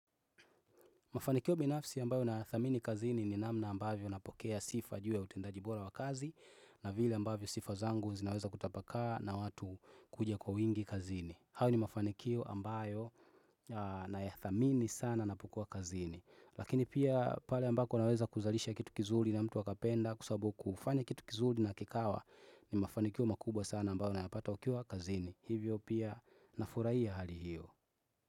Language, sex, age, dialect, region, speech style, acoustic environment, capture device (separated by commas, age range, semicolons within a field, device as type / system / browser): Swahili, male, 25-34, Tanzania - Dar es Salaam, Tanzania, focused, people talking, desktop / Windows / Chrome